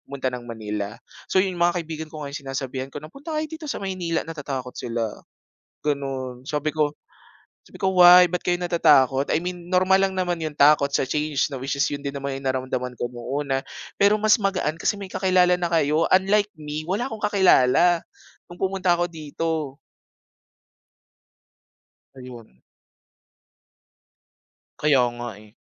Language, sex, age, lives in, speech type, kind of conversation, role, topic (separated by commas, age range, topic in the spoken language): Filipino, male, 25-29, Philippines, conversation, podcast, guest, Paano ka nagbago matapos ang huli mong malaking pagbabago sa buhay?